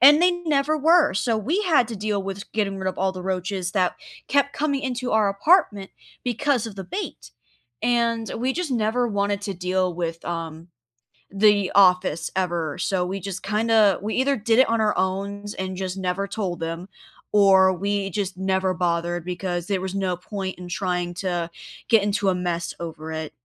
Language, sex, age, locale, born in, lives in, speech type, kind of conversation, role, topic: English, female, 20-24, en-US, United States, United States, conversation, unstructured, none, What renter-friendly fixes have you used that look custom but remove cleanly?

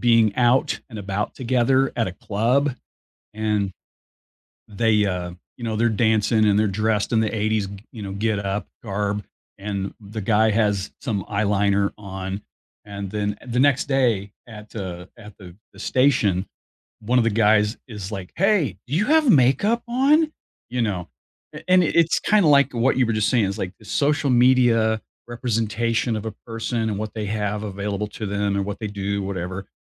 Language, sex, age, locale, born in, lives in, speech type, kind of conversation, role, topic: English, male, 60-64, en-US, United States, United States, conversation, unstructured, none, What is the key to a strong friendship?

